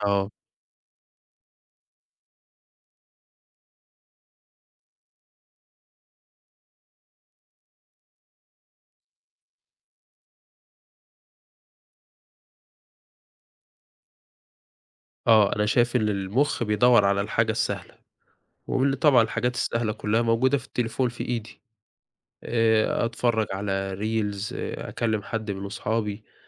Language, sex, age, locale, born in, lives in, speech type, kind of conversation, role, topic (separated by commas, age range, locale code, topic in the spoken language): Arabic, male, 20-24, ar-EG, Egypt, Egypt, conversation, podcast, guest, إزاي بتحافظ على التوازن بين التكنولوجيا وحياتك؟